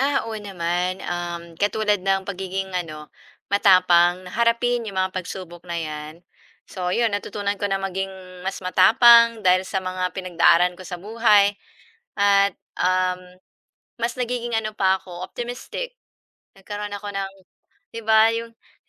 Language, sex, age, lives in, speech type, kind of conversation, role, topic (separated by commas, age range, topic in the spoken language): Filipino, female, 40-44, Philippines, conversation, unstructured, none, Paano mo pinananatili ang positibong pananaw kahit sa mahihirap na panahon?